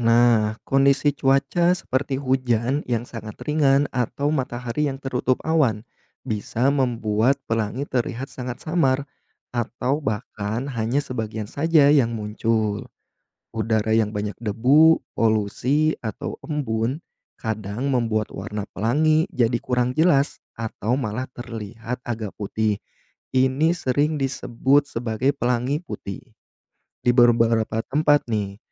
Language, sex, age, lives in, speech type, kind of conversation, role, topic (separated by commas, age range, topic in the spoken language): Indonesian, male, 30-34, Indonesia, read, expert-assistant, expert, Apa yang menyebabkan pelangi memiliki tujuh warna?